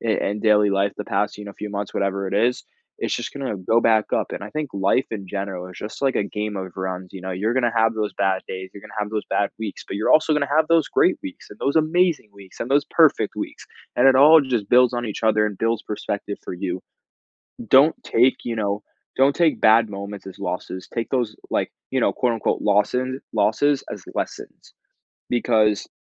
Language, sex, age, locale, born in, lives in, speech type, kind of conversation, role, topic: English, male, 18-19, en-US, United States, United States, conversation, advice, advisor, How can I stay more present throughout my day?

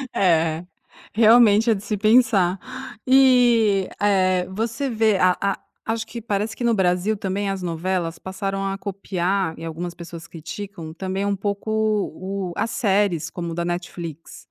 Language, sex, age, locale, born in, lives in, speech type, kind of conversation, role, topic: Portuguese, female, 45-49, pt-BR, Brazil, Italy, conversation, podcast, host, Como você percebe a evolução das novelas ao longo dos anos?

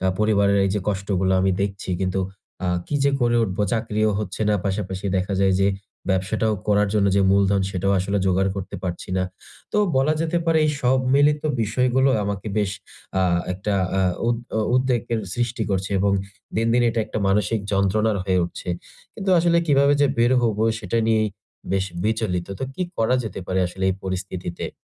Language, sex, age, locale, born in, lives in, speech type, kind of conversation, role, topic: Bengali, male, 20-24, bn-BD, Bangladesh, Bangladesh, conversation, advice, user, অনিশ্চয়তার সময় আমি কীভাবে শান্ত ও স্থিতিশীল থাকতে পারি?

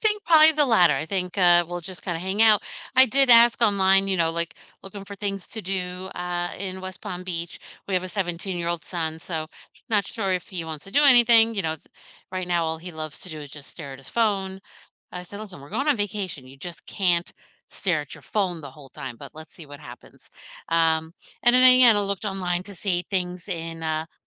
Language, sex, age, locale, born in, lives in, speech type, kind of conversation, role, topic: English, female, 50-54, en-US, United States, United States, conversation, unstructured, none, How do you decide where to stay when you travel, and what makes a place feel like the right fit for you?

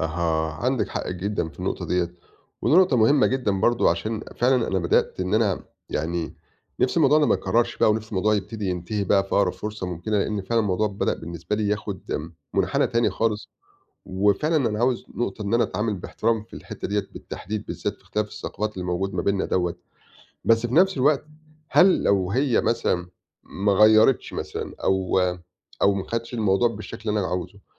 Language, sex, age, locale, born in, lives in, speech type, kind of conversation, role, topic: Arabic, male, 40-44, ar-EG, Egypt, Portugal, conversation, advice, user, إزاي أتعامل مع اختلافات شريكي باحترام من غير ما تأثر على علاقتنا أو تجرح مشاعرنا؟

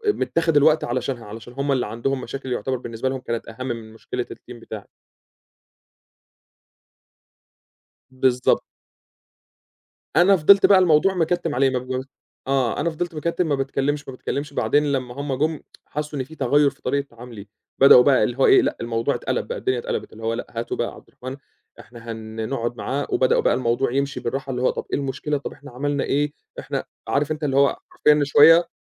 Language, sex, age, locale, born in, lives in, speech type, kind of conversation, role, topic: Arabic, male, 25-29, ar-EG, Egypt, Egypt, conversation, podcast, guest, إيه هي التجربة اللي غيّرت مجرى حياتك؟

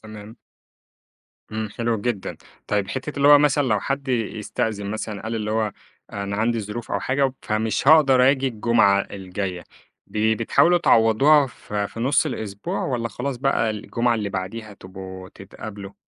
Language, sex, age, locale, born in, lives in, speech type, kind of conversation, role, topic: Arabic, male, 25-29, ar-EG, Egypt, Egypt, conversation, podcast, host, إيه طقوسكم العائلية في الويك إند؟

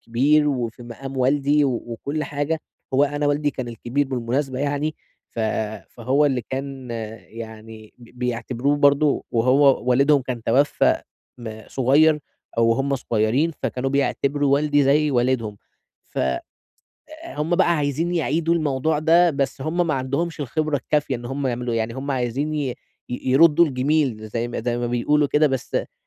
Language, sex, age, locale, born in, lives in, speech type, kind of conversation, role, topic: Arabic, male, 20-24, ar-EG, Egypt, Egypt, conversation, advice, user, إزاي نحدد حدود واضحة عشان نقلل الخلافات العائلية المتكررة؟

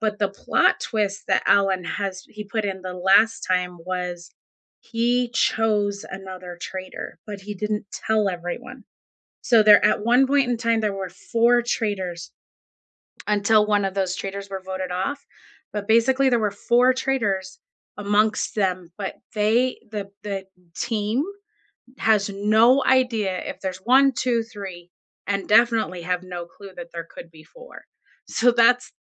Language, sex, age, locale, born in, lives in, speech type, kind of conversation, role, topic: English, female, 45-49, en-US, United States, United States, conversation, unstructured, none, Which movie or TV show surprised you, for better or worse?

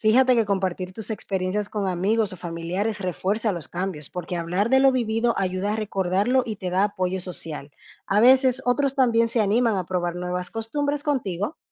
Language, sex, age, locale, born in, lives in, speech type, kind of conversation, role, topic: Spanish, female, 30-34, es-DO, Dominican Republic, Portugal, read, expert-assistant, expert, ¿Por qué viajar a veces nos ayuda a cambiar nuestra perspectiva sobre la vida?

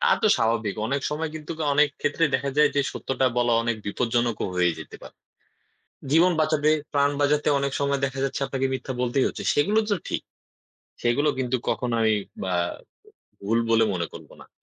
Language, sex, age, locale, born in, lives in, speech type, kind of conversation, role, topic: Bengali, male, 20-24, bn-BD, Bangladesh, Bangladesh, conversation, unstructured, none, আপনি কেন মনে করেন, সত্য বলা গুরুত্বপূর্ণ?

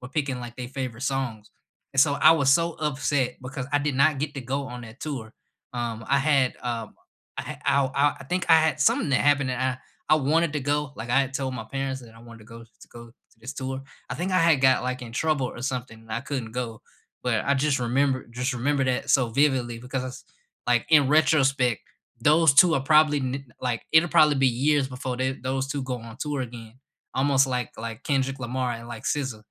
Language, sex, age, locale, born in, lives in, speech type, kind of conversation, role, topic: English, male, 25-29, en-US, United States, United States, conversation, unstructured, none, What is your dream three-artist concert lineup, and why would it be unforgettable for you?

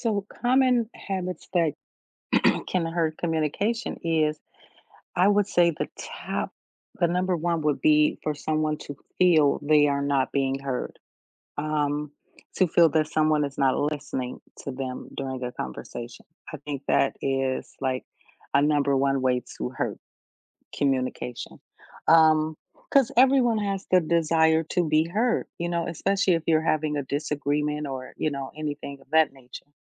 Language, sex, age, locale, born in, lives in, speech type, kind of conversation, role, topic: English, female, 45-49, en-US, United States, United States, conversation, podcast, guest, How can everyday behaviors impact the way we communicate with others?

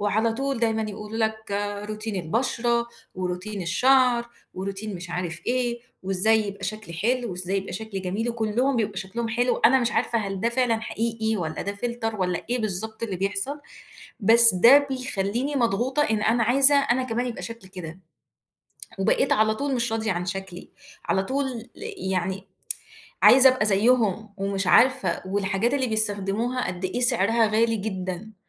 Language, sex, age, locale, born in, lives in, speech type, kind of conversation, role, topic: Arabic, female, 35-39, ar-EG, Egypt, Egypt, conversation, advice, user, إزاي بتوصف الضغوط اللي بتحسّ بيها عشان تواكب معايير الجمال والمظهر الخارجي؟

